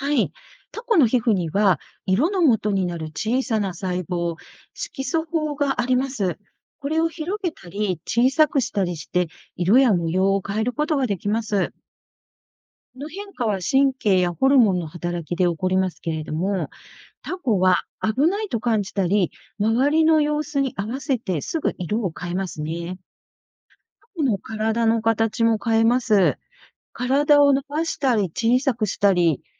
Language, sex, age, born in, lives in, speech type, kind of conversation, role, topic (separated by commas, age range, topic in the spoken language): Japanese, female, 60-64, Japan, Japan, read, expert-assistant, expert, どうしてタコは墨を吐くのですか。